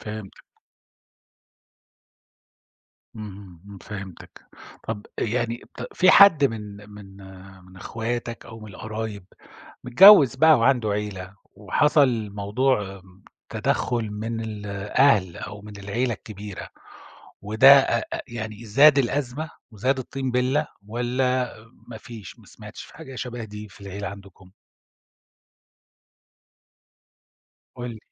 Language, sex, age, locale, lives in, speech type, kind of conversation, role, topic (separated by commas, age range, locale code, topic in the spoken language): Arabic, male, 50-54, ar-SA, Germany, conversation, podcast, host, إزاي بتتعاملوا مع تدخل الأقارب في شؤونكم؟